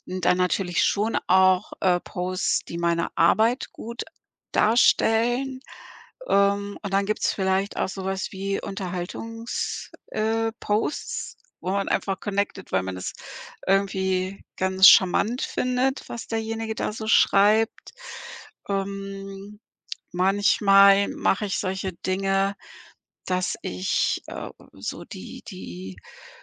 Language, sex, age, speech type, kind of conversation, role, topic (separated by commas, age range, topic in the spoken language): German, female, 55-59, conversation, podcast, guest, Wie gehst du mit alten Posts aus deiner Vergangenheit um?